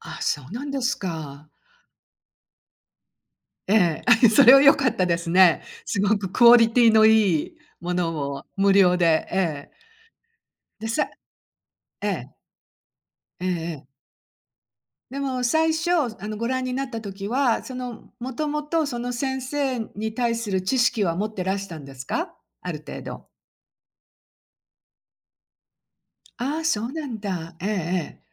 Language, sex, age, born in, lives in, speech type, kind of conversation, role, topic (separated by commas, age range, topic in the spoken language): Japanese, female, 60-64, Japan, United States, conversation, podcast, host, 師匠や先生との忘れられない出会いについて教えていただけますか？